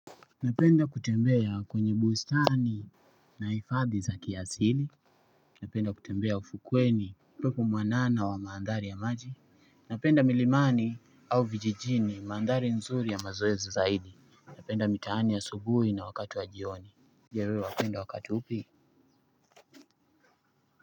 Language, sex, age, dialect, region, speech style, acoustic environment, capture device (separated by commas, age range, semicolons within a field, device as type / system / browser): Swahili, male, 25-34, Kenya - Mombasa, Kenya, anxious; happy; excited; stressed; focused, nature; music; people talking; appliances, desktop / Linux / Chrome